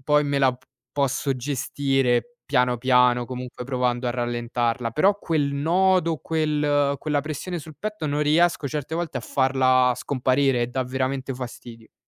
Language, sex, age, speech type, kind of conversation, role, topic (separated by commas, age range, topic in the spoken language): Italian, male, 20-24, conversation, advice, user, Come posso gestire gli attacchi d’ansia improvvisi che interrompono la mia giornata?